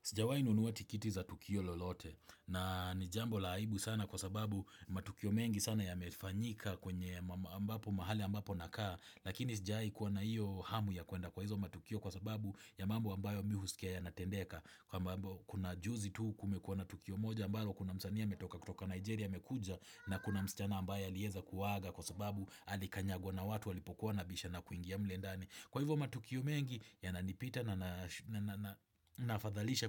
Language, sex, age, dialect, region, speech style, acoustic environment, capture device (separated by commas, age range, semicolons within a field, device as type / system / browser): Swahili, male, 25-34, Kenya - Nairobi Sheng-influenced, Kenya, happy, people talking, desktop / Windows / Chrome